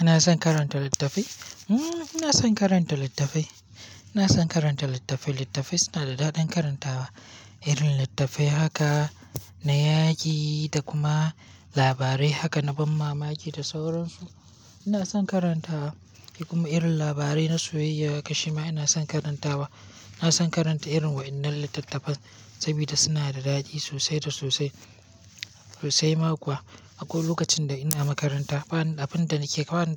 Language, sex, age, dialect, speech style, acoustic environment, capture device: Hausa, male, 18-24, Nigeria - Kano, happy, nature; silence; appliances, mobile / Linux / Chrome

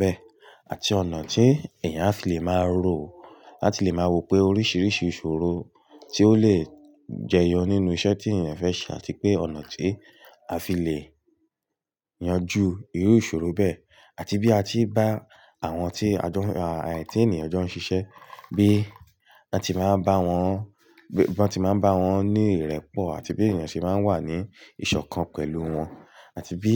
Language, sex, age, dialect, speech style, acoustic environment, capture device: Yoruba, male, 18-24, Nigeria - Ibadan, relaxed, silence, mobile / Linux / Chrome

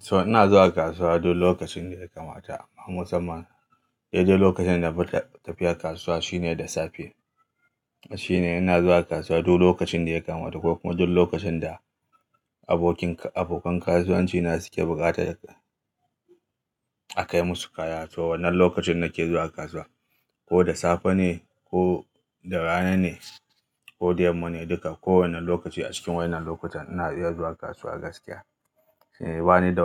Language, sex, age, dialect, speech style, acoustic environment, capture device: Hausa, male, 35-44, Nigeria - Kano, happy, silence, mobile / Linux / Chrome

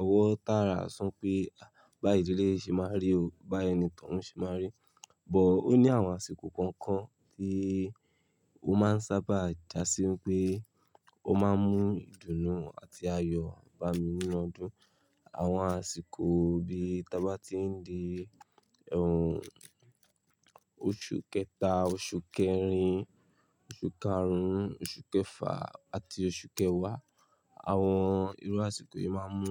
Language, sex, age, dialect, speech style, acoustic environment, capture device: Yoruba, male, 25-34, Nigeria - Lagos Yoruba, relaxed, nature, mobile / Linux / Chrome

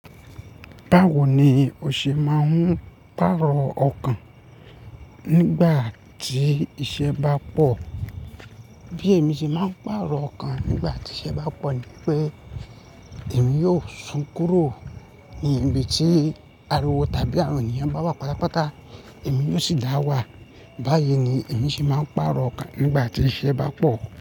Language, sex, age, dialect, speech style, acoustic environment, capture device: Yoruba, male, 25-34, Nigeria - Ibadan, relaxed, appliances; nature, mobile / Linux / Chrome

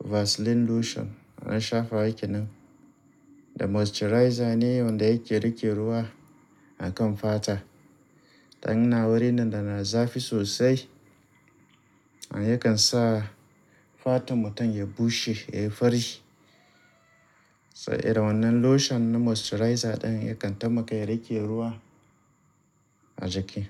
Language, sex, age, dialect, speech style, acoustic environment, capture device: Hausa, male, 35-44, Nigeria - Kano, relaxed, appliances, mobile / Linux / Chrome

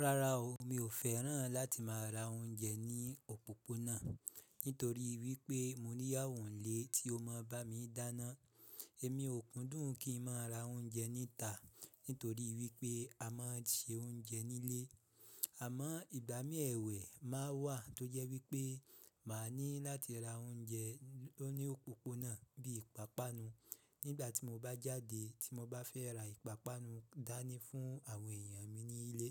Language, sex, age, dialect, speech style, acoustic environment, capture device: Yoruba, male, 25-34, Nigeria - Ibadan, relaxed; happy; excited; focused, silence, mobile / Linux / Chrome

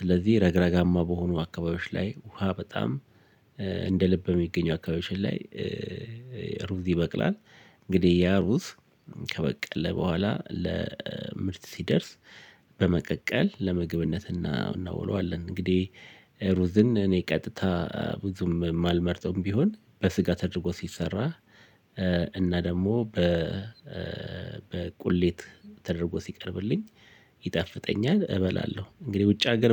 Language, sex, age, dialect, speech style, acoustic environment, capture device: Amharic, male, 45-54, Ethiopia - Addis Ababa, relaxed, silence, mobile / Linux / Chrome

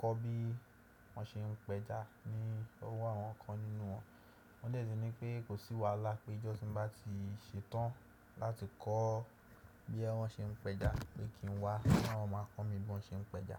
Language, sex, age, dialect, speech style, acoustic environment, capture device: Yoruba, male, 18-24, Nigeria - Nigerian Standard, happy; excited; relaxed, silence, desktop / Windows / Chrome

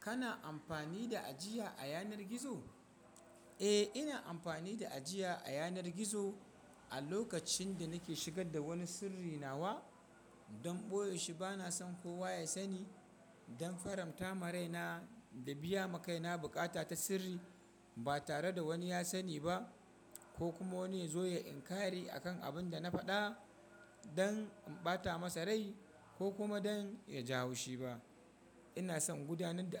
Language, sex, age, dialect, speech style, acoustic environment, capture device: Hausa, male, 25-34, Nigeria - Kano, happy; excited, people talking, mobile / Linux / Chrome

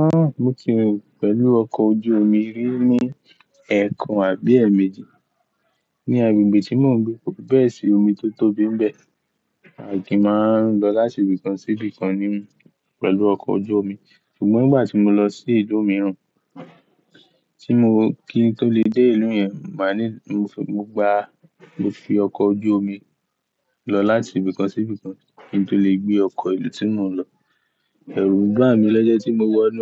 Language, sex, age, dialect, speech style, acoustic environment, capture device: Yoruba, male, 18-24, Nigeria - Ibadan, focused, silence, mobile / Linux / Chrome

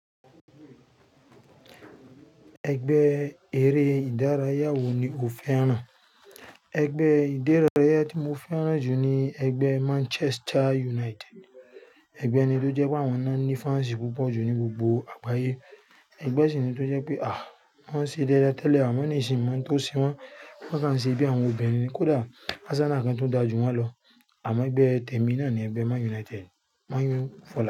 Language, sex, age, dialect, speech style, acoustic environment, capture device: Yoruba, male, 35-44, Nigeria - Lagos Yoruba, focused, nature, mobile / Linux / Chrome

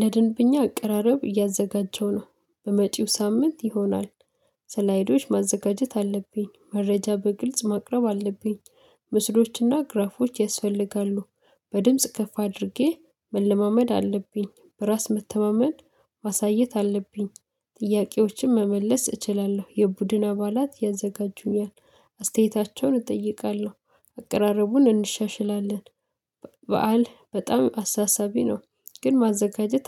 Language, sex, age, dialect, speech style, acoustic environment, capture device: Amharic, female, 25-34, Ethiopia - Addis Ababa, excited; focused, silence, mobile / Linux / Chrome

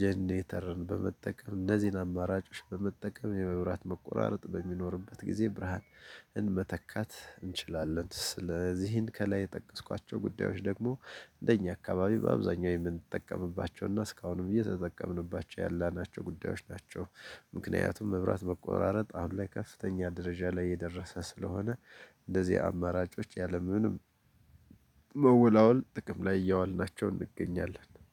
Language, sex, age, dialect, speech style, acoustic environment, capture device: Amharic, male, 35-44, Ethiopia - Gojjam, happy; relaxed; focused, nature, desktop / Linux / Chrome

